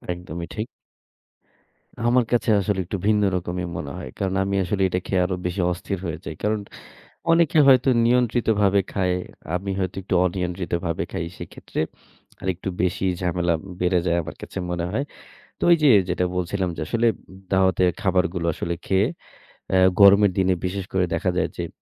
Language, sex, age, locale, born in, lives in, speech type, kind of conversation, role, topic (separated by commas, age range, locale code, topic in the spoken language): Bengali, male, 30-34, bn-BD, Bangladesh, Bangladesh, conversation, podcast, guest, গরমে আরাম বজায় রাখতে আপনার কৌশল কী?